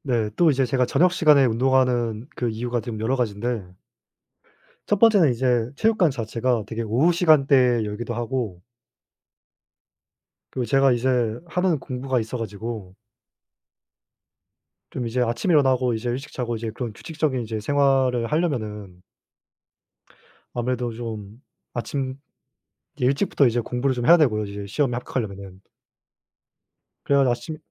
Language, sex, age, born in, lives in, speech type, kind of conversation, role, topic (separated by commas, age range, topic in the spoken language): Korean, male, 20-24, South Korea, South Korea, conversation, unstructured, none, 운동은 아침과 저녁 중 어느 시간이 더 좋나요?